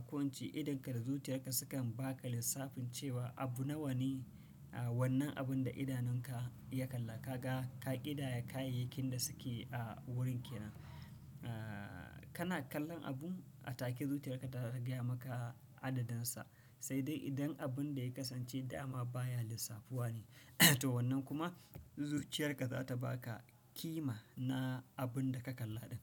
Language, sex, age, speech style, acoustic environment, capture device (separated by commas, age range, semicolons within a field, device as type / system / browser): Hausa, male, 35-44, anxious; tired; relaxed; happy; nervous; excited; focused, silence; nature; appliances; people talking, desktop / Windows / Chrome